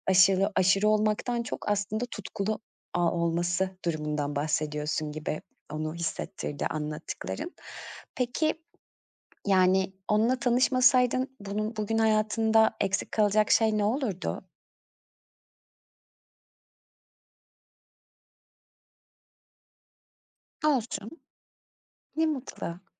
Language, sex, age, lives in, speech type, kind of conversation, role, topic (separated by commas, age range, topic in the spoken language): Turkish, female, 35-39, Greece, conversation, podcast, host, Seni ilhamlandıran biriyle hiç karşılaştın mı; bu karşılaşma seni nasıl etkiledi?